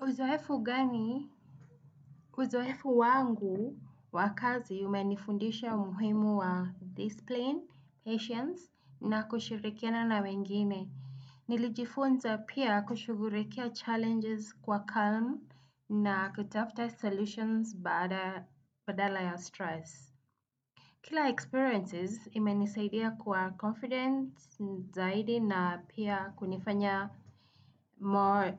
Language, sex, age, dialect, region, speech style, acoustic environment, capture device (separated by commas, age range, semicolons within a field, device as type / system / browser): Swahili, female, 35-44, Kenya - Nairobi Sheng-influenced, Kenya, happy; anxious; nervous; excited, people talking; traffic; music, desktop / Windows / Chrome